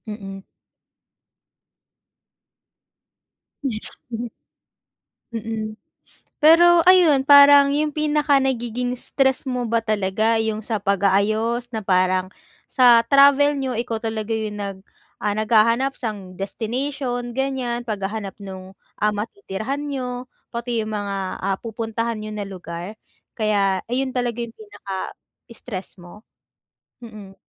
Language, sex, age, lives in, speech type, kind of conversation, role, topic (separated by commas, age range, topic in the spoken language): Filipino, female, 20-24, Philippines, conversation, advice, advisor, Paano ko maibsan ang stress habang naglalakbay sa malayo?